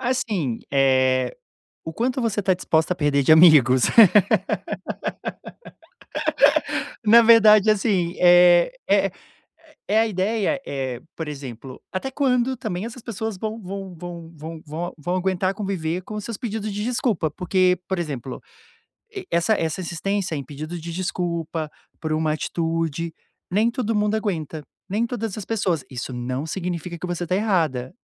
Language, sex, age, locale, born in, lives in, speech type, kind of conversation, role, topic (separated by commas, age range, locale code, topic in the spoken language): Portuguese, male, 30-34, pt-BR, Brazil, Portugal, conversation, advice, advisor, Como posso parar de me desculpar o tempo todo por traços pessoais que não pretendo mudar?